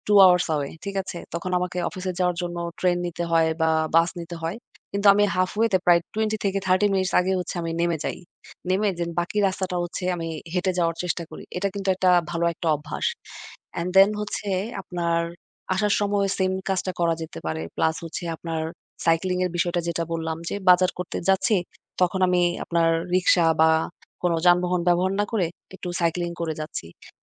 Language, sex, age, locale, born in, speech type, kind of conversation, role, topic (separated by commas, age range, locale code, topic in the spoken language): Bengali, female, 35-39, bn-BD, Bangladesh, conversation, unstructured, none, শারীরিক সুস্থতার জন্য নিয়মিত ব্যায়াম কতটা জরুরি?